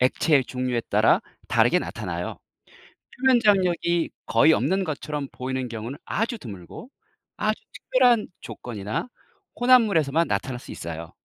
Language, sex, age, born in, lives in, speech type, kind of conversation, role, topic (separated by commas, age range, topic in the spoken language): Korean, male, 50-54, South Korea, South Korea, read, expert-assistant, expert, 물방울은 왜 둥근 모양일까요?